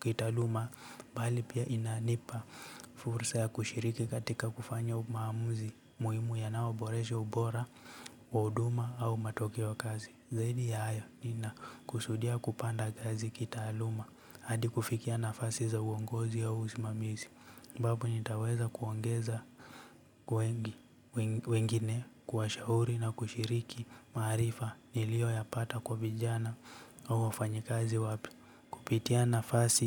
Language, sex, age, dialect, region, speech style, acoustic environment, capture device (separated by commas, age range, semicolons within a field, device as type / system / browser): Swahili, male, 18-24, Kenya - Nairobi Sheng-influenced, Kenya, relaxed, nature, mobile / Linux / Chrome